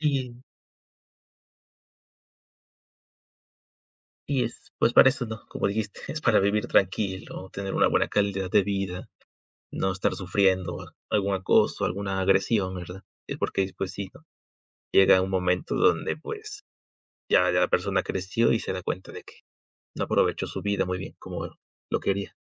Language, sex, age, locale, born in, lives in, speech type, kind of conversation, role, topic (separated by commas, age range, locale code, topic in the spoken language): Spanish, male, 20-24, es-MX, Mexico, Mexico, conversation, unstructured, none, ¿Qué señales indican que una relación es tóxica?